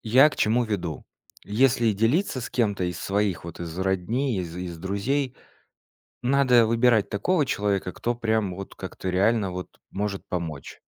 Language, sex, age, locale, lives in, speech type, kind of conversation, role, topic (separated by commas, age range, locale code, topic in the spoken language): Russian, male, 30-34, ru-RU, Estonia, conversation, podcast, guest, Какие маленькие шаги помогают справиться с кризисом?